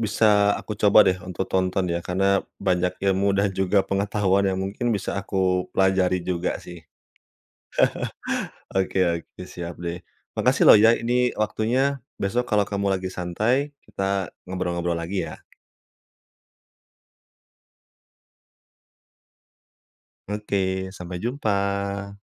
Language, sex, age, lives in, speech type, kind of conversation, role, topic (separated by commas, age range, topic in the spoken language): Indonesian, male, 30-34, Indonesia, conversation, podcast, host, Film apa yang paling berkesan buat kamu, dan kenapa?